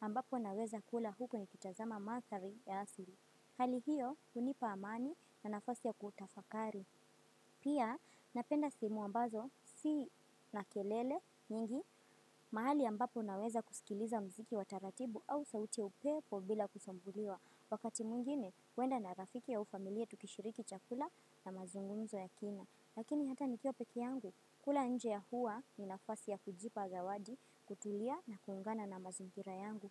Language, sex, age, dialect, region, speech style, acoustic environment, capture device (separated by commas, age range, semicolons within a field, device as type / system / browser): Swahili, female, 18-24, Kenya - Mombasa, Kenya, happy, silence, desktop / Windows / Firefox